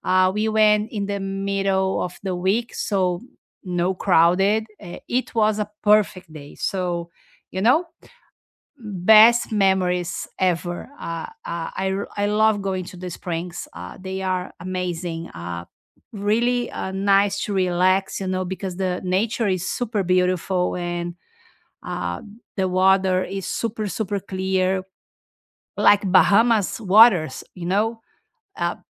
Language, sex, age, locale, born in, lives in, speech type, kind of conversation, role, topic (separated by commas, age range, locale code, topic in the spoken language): English, female, 50-54, en-BR, Brazil, United States, conversation, unstructured, none, Which place in your city feels most like your own, and what memory makes it special?